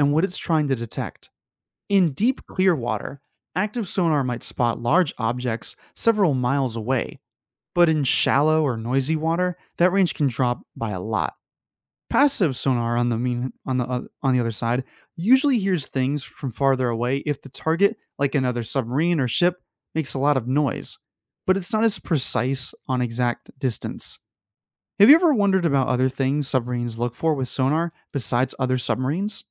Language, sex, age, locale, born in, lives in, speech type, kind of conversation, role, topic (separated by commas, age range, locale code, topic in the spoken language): English, male, 25-29, en-US, United States, United States, read, expert-assistant, expert, How does a submarine go up and down in the water?